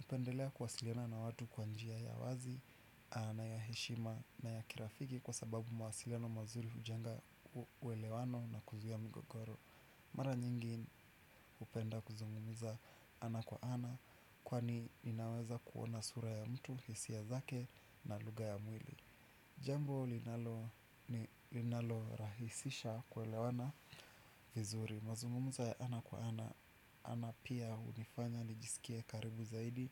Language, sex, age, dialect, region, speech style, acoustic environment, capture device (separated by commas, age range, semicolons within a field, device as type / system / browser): Swahili, male, 25-34, Kenya - Nairobi Sheng-influenced, Kenya, relaxed; anxious, nature, desktop / Windows / Chrome